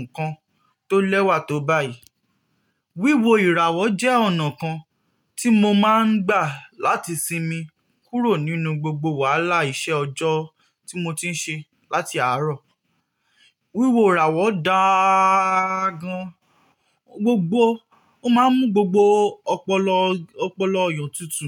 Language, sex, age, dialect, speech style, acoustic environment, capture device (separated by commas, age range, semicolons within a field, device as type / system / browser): Yoruba, male, 18-24, Nigeria - Nigerian Standard, relaxed, nature, mobile / Linux / Chrome